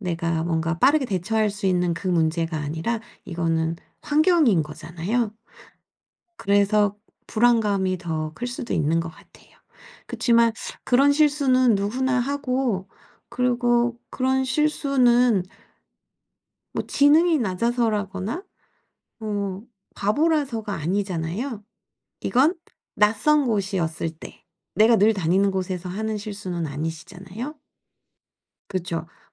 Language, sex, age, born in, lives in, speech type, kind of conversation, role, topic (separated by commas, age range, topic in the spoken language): Korean, female, 45-49, South Korea, South Korea, conversation, advice, advisor, 실수했을 때 빠르게 회복하려면 어떻게 해야 하나요?